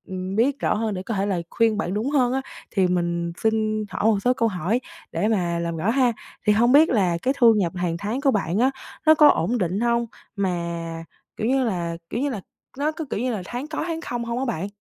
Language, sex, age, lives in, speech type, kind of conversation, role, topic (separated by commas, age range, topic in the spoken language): Vietnamese, female, 18-19, Vietnam, conversation, advice, advisor, Làm sao để phân bổ tiền hợp lý cho các ưu tiên trong ngân sách của tôi?